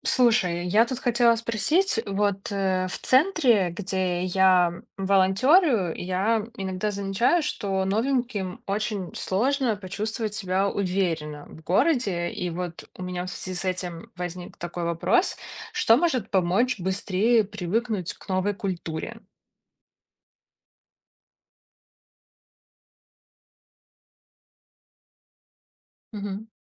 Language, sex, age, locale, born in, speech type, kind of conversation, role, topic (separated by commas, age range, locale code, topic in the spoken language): Russian, female, 30-34, ru-RU, Belarus, read, expert-assistant, asker, Что помогает новым жителям быстрее привыкнуть к жизни в незнакомом городе с другой культурой?